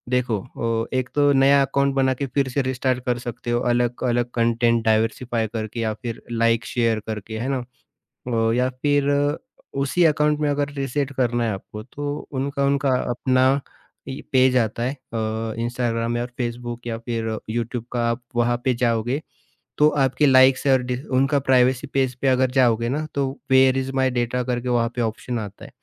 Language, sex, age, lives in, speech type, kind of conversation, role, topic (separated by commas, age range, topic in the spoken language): Hindi, male, 30-34, India, conversation, podcast, guest, Streaming platforms ne viewing habits kaise badli hain?